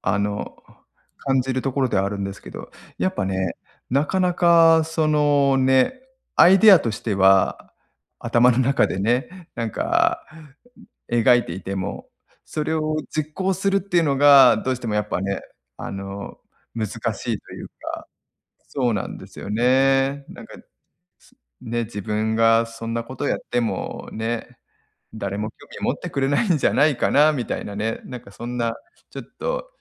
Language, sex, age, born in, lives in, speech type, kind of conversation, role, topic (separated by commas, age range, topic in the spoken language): Japanese, male, 40-44, Japan, Japan, conversation, advice, user, 新しいことに挑戦するのが怖いとき、どうすればいいですか？